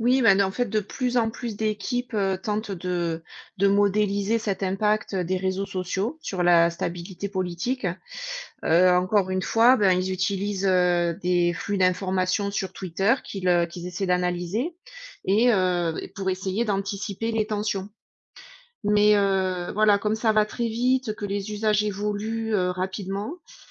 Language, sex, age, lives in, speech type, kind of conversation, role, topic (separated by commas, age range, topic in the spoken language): French, female, 40-44, United States, read, expert-assistant, expert, Tu connais des endroits en ligne où on peut explorer les différentes façons dont les pays s’organisent politiquement ?